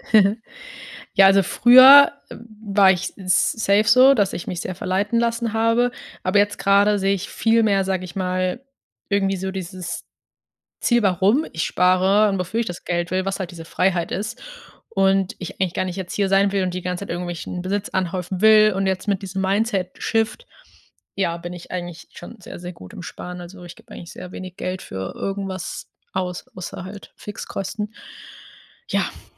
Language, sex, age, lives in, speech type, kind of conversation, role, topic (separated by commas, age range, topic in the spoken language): German, female, 25-29, Germany, conversation, advice, user, Wie kannst du deine Finanzen neu ordnen, Schulden abbauen und einen Sparplan erstellen?